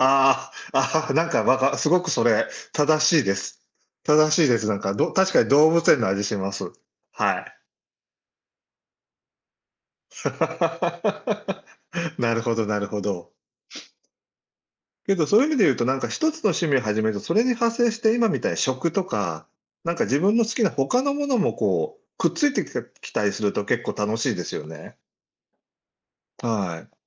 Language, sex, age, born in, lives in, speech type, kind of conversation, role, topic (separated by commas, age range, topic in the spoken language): Japanese, male, 60-64, Japan, Japan, conversation, unstructured, none, 好きな趣味は何ですか？